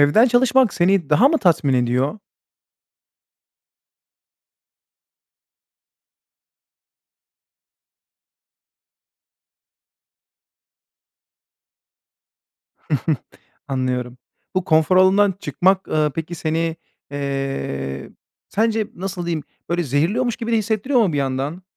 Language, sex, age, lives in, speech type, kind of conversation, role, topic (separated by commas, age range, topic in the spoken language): Turkish, male, 30-34, Bulgaria, conversation, podcast, host, Evden çalışmak seni daha mı tatmin ediyor, neden?